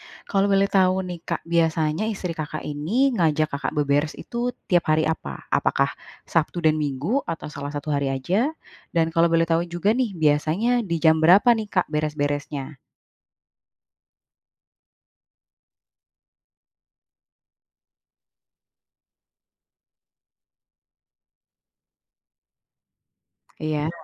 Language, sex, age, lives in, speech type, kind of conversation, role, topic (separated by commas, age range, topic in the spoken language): Indonesian, female, 30-34, Indonesia, conversation, advice, advisor, Kenapa saya sulit rileks saat menikmati waktu santai di rumah?